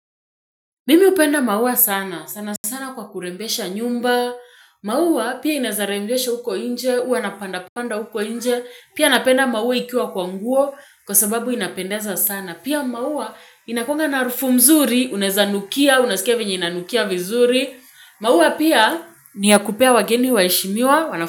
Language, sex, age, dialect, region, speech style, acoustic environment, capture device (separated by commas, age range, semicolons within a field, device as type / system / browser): Swahili, female, 25-34, Kenya - Nairobi Sheng-influenced, Kenya, anxious, traffic; music, mobile / Linux / Chrome